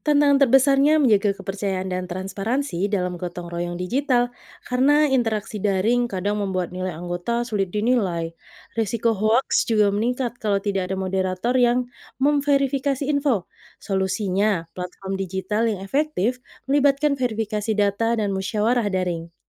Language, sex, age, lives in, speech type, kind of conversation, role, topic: Indonesian, female, 30-34, Indonesia, read, expert-assistant, expert, Bagaimana budaya lokal memengaruhi cara orang saling membantu saat terjadi bencana?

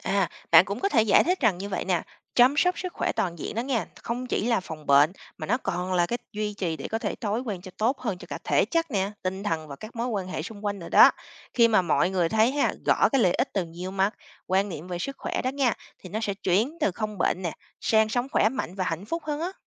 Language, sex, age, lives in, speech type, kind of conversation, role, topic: Vietnamese, female, 30-34, United States, read, expert-assistant, expert, Khi nghe đến từ “sức khỏe”, mỗi người có thể nghĩ đến điều gì khác nhau không?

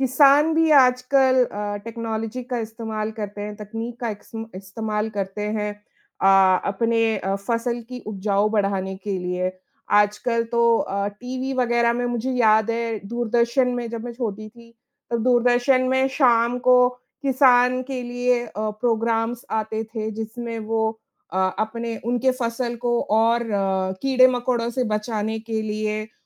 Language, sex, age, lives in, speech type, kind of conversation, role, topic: Hindi, female, 35-39, India, conversation, unstructured, none, क्या आप तकनीक के बिना अपने शौक पूरे कर सकते हैं?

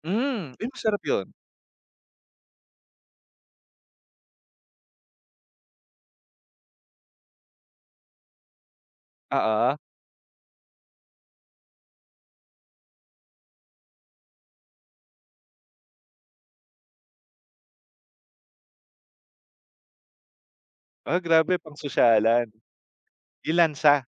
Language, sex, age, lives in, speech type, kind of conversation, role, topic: Filipino, male, 30-34, Philippines, conversation, unstructured, none, Ano ang reaksyon mo kapag may hindi inaasahang sangkap sa pagkain mo?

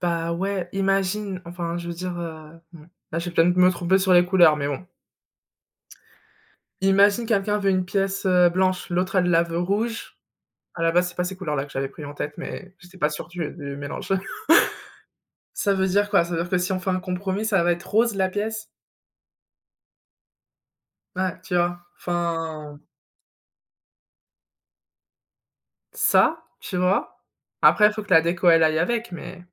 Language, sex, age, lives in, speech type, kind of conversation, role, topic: French, female, 25-29, France, conversation, unstructured, none, Comment négocier lorsque les deux parties veulent des choses opposées ?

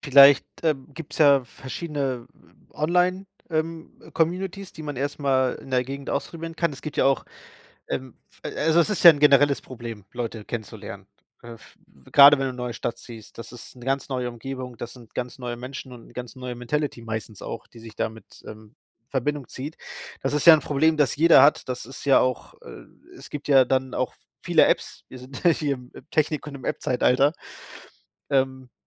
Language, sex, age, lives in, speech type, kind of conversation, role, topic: German, male, 30-34, Germany, conversation, advice, advisor, Wie kann ich nach einem Umzug neue Freunde finden?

